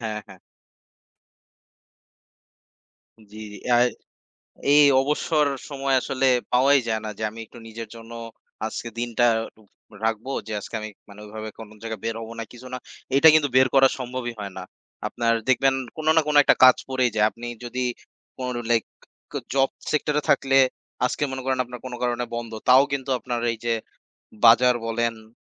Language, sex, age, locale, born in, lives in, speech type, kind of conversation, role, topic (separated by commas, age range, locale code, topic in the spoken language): Bengali, male, 20-24, bn-BD, Bangladesh, Bangladesh, conversation, unstructured, none, আপনি নিজের জন্য সময় বের করতে কীভাবে চেষ্টা করেন?